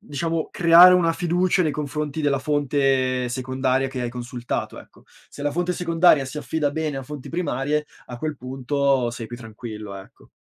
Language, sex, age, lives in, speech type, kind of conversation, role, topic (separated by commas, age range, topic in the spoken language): Italian, male, 25-29, Italy, conversation, podcast, guest, Hai mai provato a fare una pausa digitale di qualche giorno?